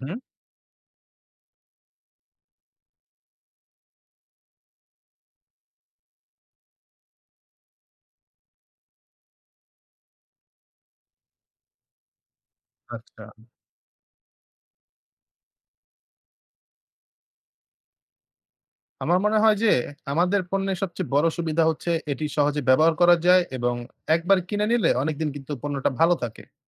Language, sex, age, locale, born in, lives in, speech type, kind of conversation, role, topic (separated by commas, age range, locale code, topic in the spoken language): Bengali, male, 20-24, bn-BD, Bangladesh, Bangladesh, read, expert-assistant, asker, ছোট ব্যবসার জন্য সহজে ও গুছিয়ে সামাজিক মাধ্যমে প্রচারণা চালানোর সবচেয়ে কার্যকর উপায় কী?